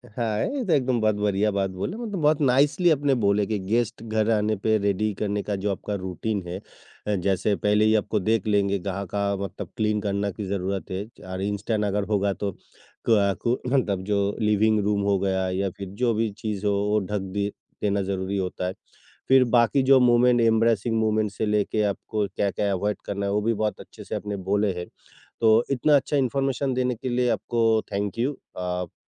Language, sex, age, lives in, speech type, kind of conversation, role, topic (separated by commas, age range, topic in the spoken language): Hindi, male, 55-59, India, conversation, podcast, host, Mehmaan aane se pehle tum ghar ko kaise taiyaar karte ho?